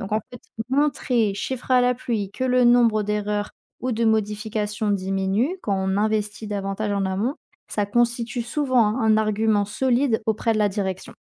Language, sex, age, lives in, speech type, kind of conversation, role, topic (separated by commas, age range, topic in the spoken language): French, female, 20-24, France, read, expert-assistant, expert, Peut-on concilier ses valeurs personnelles et la performance en entreprise en tant que manager ?